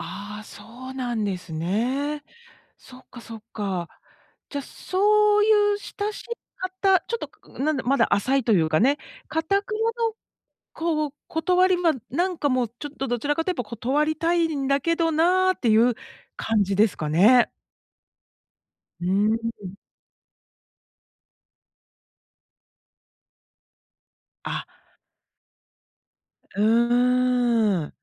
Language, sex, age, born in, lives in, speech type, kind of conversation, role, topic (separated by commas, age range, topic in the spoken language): Japanese, female, 50-54, Japan, United States, conversation, advice, advisor, 断れなくて付き合いに疲れてしまったとき、どうすればいいですか？